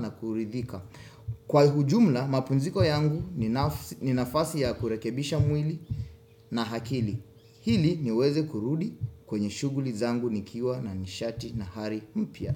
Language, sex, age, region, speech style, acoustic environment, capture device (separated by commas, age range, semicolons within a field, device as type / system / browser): Swahili, male, 25-34, Kenya, focused; happy; relaxed, silence, desktop / Windows / Chrome